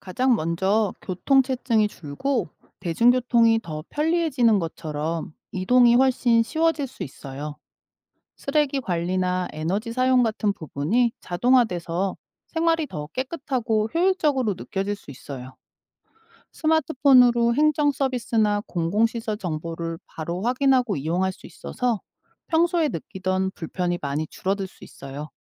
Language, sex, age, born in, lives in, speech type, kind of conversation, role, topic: Korean, female, 45-49, South Korea, United States, read, expert-assistant, expert, 스마트 시티가 도시 생활에 미치는 영향에 대해 설명해 주세요.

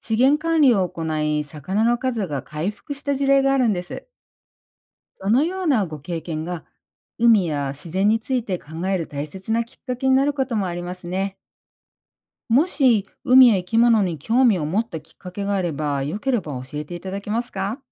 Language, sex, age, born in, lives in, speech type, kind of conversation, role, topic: Japanese, female, 50-54, Japan, United States, read, expert-assistant, expert, 海の生き物が減っていると聞きますが、私たちができることや有効な対策にはどんなものがありますか？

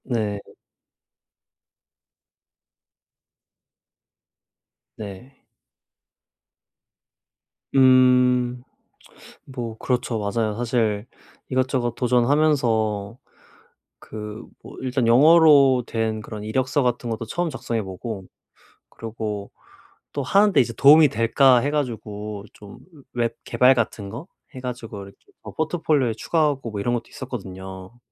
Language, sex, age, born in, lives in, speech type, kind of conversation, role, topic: Korean, male, 25-29, South Korea, South Korea, conversation, advice, user, 실패가 두려워서 새로운 일을 시도하지 못할 때 어떻게 해야 하나요?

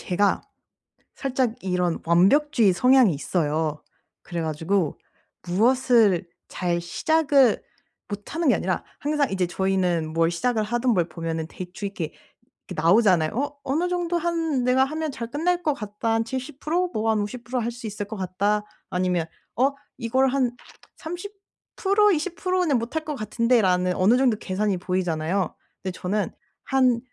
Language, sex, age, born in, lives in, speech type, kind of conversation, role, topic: Korean, female, 25-29, South Korea, Germany, conversation, advice, user, 어떻게 하면 완벽주의 때문에 실패에 대한 두려움을 줄일 수 있을까요?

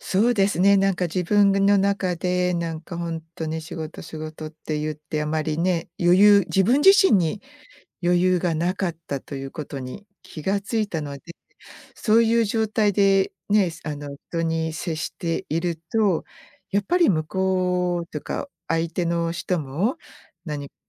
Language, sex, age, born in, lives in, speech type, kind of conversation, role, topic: Japanese, female, 55-59, Japan, United States, conversation, podcast, guest, 生きがいを見つけるためのヒントはありますか？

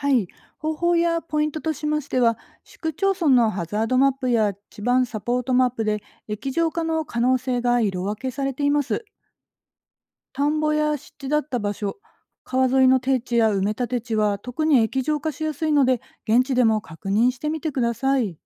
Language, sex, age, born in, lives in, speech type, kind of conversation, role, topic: Japanese, female, 40-44, Japan, Japan, read, expert-assistant, expert, 最近の異常気象が増える中で、将来どこに住むべきか迷っています。どう判断すればよいでしょうか？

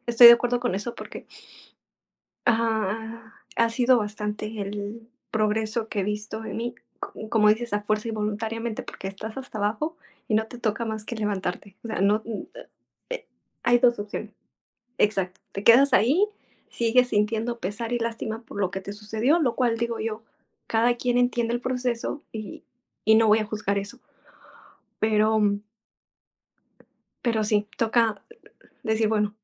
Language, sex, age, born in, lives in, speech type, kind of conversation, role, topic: Spanish, female, 25-29, Mexico, Mexico, conversation, unstructured, none, ¿Cuál ha sido la lección más dura que te ha dado la vida?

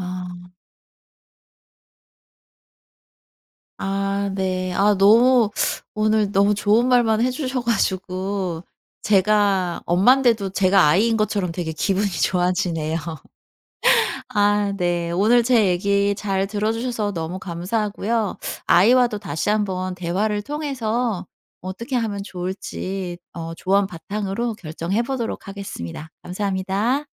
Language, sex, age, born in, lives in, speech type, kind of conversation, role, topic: Korean, female, 40-44, South Korea, South Korea, conversation, advice, user, 스타트업에서 제한된 자원으로 성장 방향을 결정할 때 어떤 기준으로 선택해야 할까요?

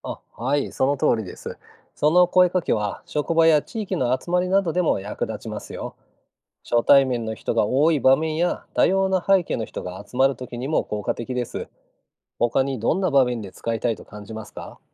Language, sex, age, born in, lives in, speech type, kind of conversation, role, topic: Japanese, male, 50-54, Japan, Japan, read, expert-assistant, expert, 宗教が異なる人と集まるとき、どんな配慮が必要ですか？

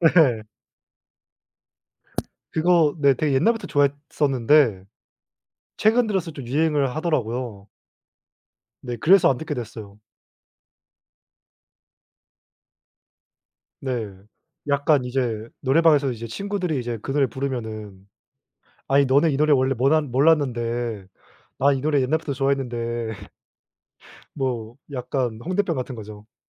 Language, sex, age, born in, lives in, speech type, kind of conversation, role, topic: Korean, male, 20-24, South Korea, South Korea, conversation, unstructured, none, 음악은 기분에 어떤 영향을 주나요?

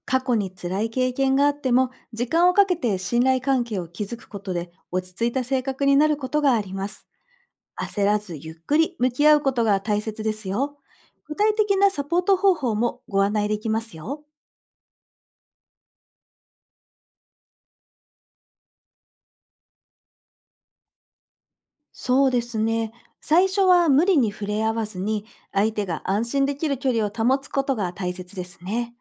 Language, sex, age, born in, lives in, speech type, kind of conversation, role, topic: Japanese, female, 40-44, Japan, Japan, read, expert-assistant, expert, 「人気の犬種や猫種は本当に性格がいい」とよく聞きますが、それは事実でしょうか？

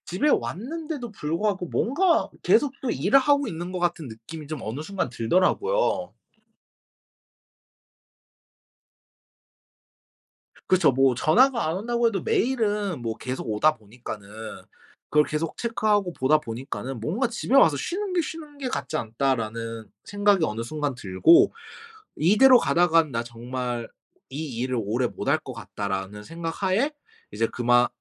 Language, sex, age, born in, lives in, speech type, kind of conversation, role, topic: Korean, male, 25-29, South Korea, Japan, conversation, podcast, guest, 업무 시간과 개인 시간의 경계를 어떻게 지키시나요?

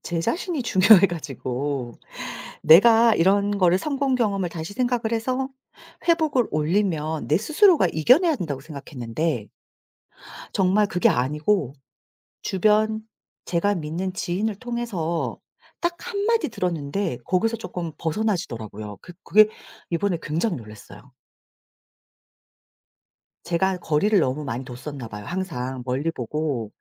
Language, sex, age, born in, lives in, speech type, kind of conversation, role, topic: Korean, female, 40-44, South Korea, South Korea, conversation, podcast, guest, 자기 확신을 잃었을 때 어떻게 다시 회복할 수 있을까요?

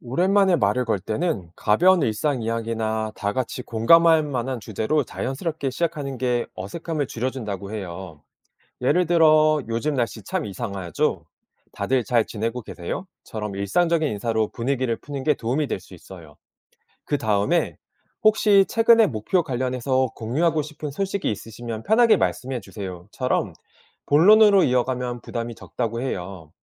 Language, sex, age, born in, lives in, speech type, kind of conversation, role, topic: Korean, male, 40-44, South Korea, South Korea, read, expert-assistant, expert, 바쁜 일상 속에서 개인 목표를 꾸준히 실천하려면 어떻게 해야 할까요?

